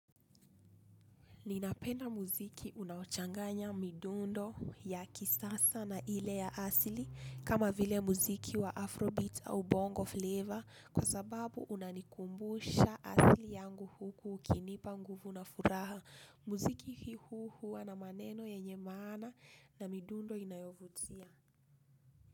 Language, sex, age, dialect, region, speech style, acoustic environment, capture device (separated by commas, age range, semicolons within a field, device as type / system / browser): Swahili, female, 25-34, Kenya - Nairobi Sheng-influenced, Kenya, focused, people talking, desktop / Linux / Chrome